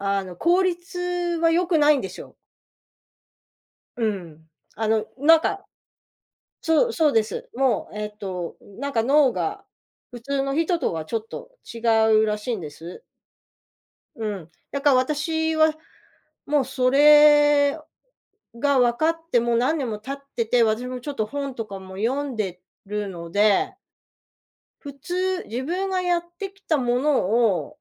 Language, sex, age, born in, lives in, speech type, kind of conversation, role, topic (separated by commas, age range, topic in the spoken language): Japanese, female, 55-59, Japan, United States, conversation, advice, user, 子どものしつけ方をめぐって親同士が対立しているとき、どうすればよいですか？